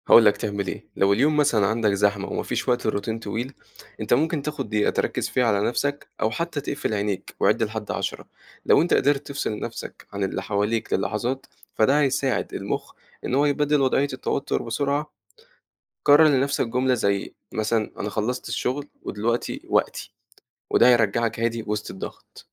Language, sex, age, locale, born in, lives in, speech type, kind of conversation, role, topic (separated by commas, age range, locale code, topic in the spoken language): Arabic, male, 18-19, ar-EG, Egypt, Egypt, read, expert-assistant, expert, ليه ساعات بحس إني مش قادر أتحكم في أعصابي في الشغل، وده بيأثر على تعاملي مع زمايلي؟ إزاي أقدر أعرف السبب وأحسن من نفسي؟